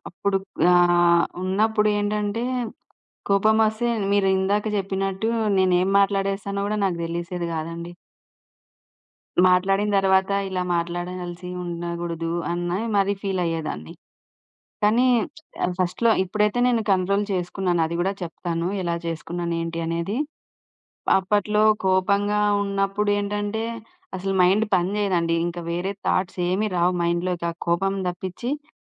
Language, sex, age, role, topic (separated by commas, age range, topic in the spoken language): Telugu, female, 30-34, guest, కోపం వచ్చినప్పుడు మాటలను ఎలా అదుపులో ఉంచుకుంటారు?